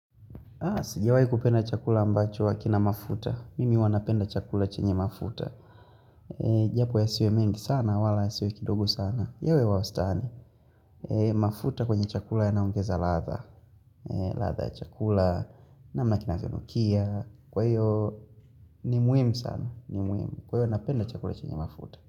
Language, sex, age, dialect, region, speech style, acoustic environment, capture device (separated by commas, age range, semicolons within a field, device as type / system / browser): Swahili, male, 18-24, Tanzania - Dar es Salaam, Tanzania, focused, nature; appliances; people talking; music, mobile / Linux / Chrome